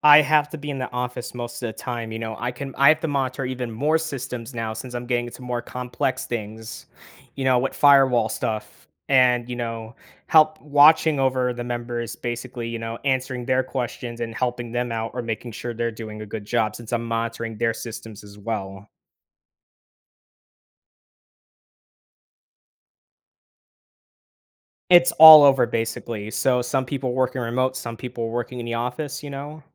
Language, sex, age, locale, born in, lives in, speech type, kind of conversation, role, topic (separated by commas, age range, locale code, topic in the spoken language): English, male, 18-19, en-US, United States, United States, conversation, advice, user, How do I handle an unexpected promotion and succeed in my new role?